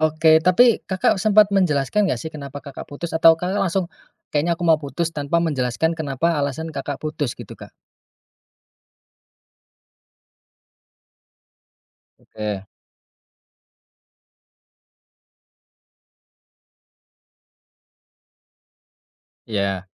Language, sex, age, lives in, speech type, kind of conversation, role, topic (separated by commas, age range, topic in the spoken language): Indonesian, male, 30-34, Indonesia, conversation, podcast, host, Pernah menyesal mengikuti kata hati?